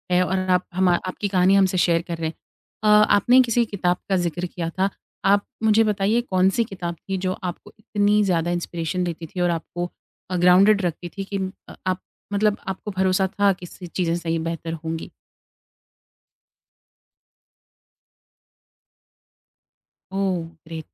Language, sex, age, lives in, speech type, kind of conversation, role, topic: Hindi, female, 25-29, India, conversation, podcast, host, Kya tumhe koi aisa din yaad hai jab tum apne comfort zone se bahar nikle, aur us waqt tumhe kaisa laga?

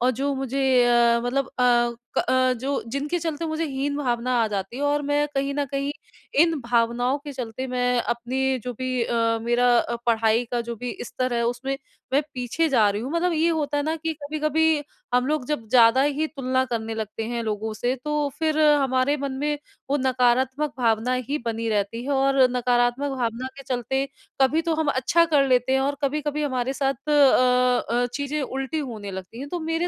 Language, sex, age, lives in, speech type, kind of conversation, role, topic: Hindi, female, 30-34, India, conversation, advice, user, मैं खुद को दूसरों से बार-बार तुलना क्यों करता/करती हूँ?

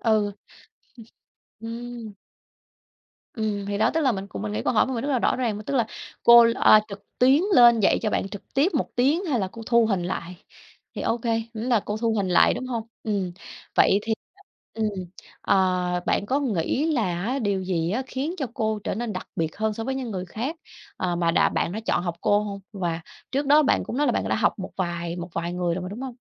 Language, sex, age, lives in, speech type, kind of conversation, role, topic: Vietnamese, female, 30-34, Vietnam, conversation, podcast, host, Thầy/cô nào ảnh hưởng nhiều nhất đến cách bạn học, và ảnh hưởng đó như thế nào?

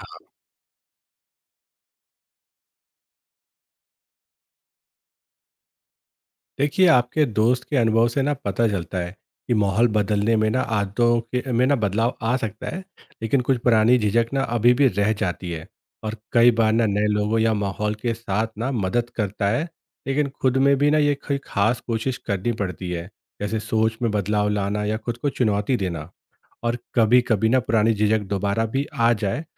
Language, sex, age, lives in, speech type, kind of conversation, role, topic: Hindi, male, 30-34, India, read, expert-assistant, expert, क्या समाज और संस्कृति हमारे तनाव झेलने के तरीके को बदलते हैं?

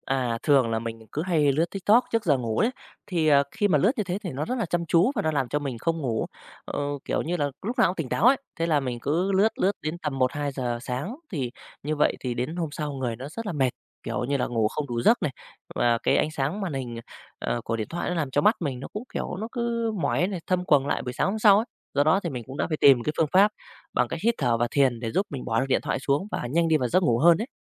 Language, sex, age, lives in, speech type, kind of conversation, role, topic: Vietnamese, male, 35-39, Vietnam, conversation, podcast, guest, Bạn có duy trì thói quen hít thở có chủ ý hoặc thiền ngắn không, và bạn thường thực hiện như thế nào?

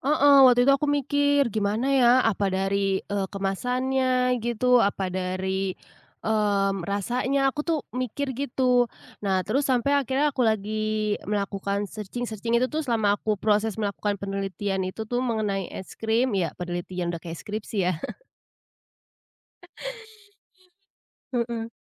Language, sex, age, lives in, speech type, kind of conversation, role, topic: Indonesian, female, 25-29, Indonesia, conversation, podcast, guest, Bisa ceritakan proyek passion yang paling kamu banggakan?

